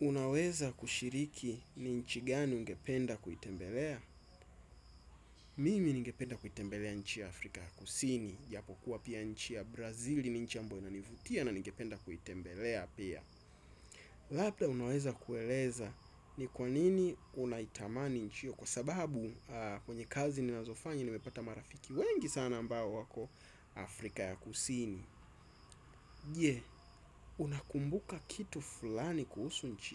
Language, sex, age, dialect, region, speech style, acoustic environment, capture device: Swahili, male, 25-34, Tanzania - Dar es Salaam, Tanzania, excited, appliances, desktop / Windows / Chrome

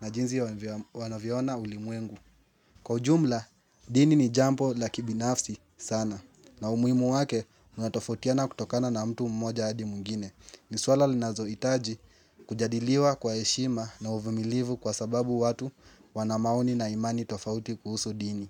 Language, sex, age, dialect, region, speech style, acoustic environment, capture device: Swahili, male, 18-24, Kenya - Nairobi Sheng-influenced, Kenya, happy; anxious; focused; excited, nature, mobile / Linux / Chrome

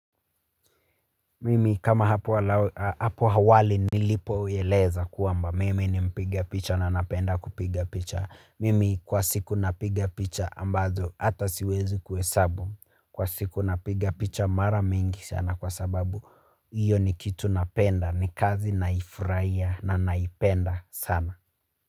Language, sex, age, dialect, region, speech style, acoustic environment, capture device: Swahili, male, 25-34, Kenya - Nairobi Sheng-influenced, Kenya, tired, silence, mobile / Linux / Chrome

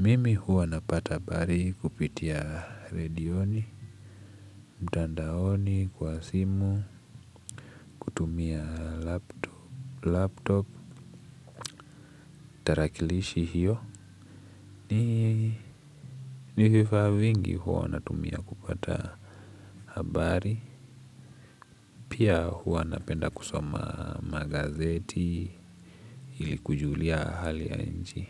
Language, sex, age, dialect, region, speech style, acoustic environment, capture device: Swahili, male, 18-24, Kenya - Nairobi Sheng-influenced, Kenya, relaxed, nature, desktop / Linux / Chrome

